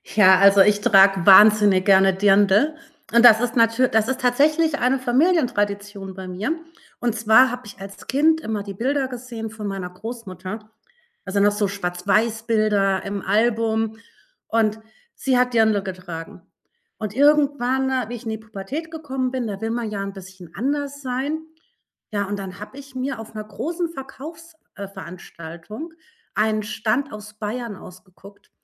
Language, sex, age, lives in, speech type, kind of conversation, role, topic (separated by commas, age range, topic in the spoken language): German, female, 40-44, Germany, conversation, podcast, guest, Wie kombinierst du moderne Mode mit Familientraditionen?